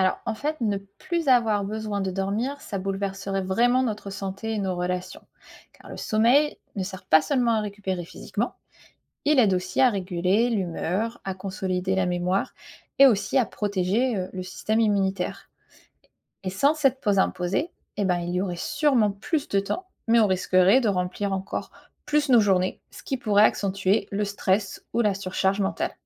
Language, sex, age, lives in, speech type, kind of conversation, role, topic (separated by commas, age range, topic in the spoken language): French, female, 35-39, United States, read, expert-assistant, expert, La disparition du sommeil bouleverserait-elle notre équilibre et nos relations ?